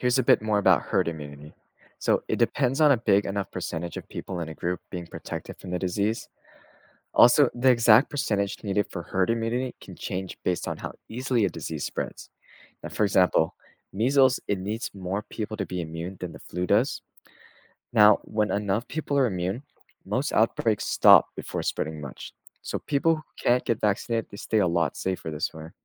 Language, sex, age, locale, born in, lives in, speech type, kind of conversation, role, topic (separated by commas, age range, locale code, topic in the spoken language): English, male, 20-24, en-US, United States, United States, read, expert-assistant, expert, How do vaccines prevent disease transmission?